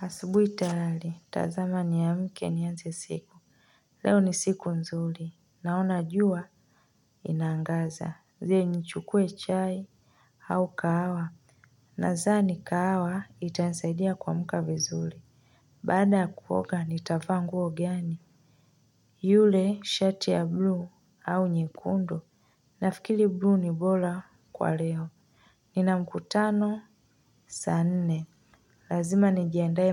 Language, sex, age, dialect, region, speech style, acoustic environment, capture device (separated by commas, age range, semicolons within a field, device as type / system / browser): Swahili, female, 25-34, Tanzania - Dar es Salaam, Tanzania, relaxed; tired, silence; nature, mobile / Linux / Chrome